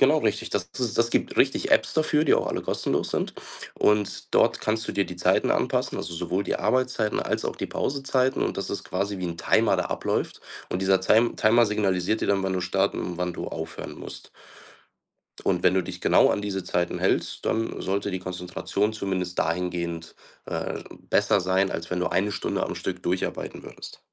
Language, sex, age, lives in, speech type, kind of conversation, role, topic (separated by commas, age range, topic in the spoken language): German, male, 25-29, Germany, conversation, advice, advisor, Wie kann ich es schaffen, länger tief konzentriert zu arbeiten?